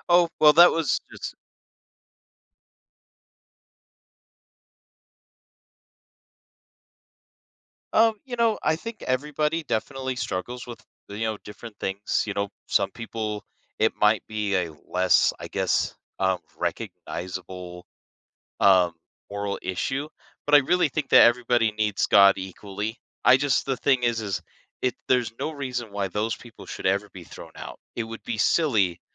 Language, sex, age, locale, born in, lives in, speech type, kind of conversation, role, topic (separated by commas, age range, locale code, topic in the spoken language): English, male, 30-34, en-US, United States, United States, conversation, podcast, guest, In what ways can religion influence how people define right and wrong?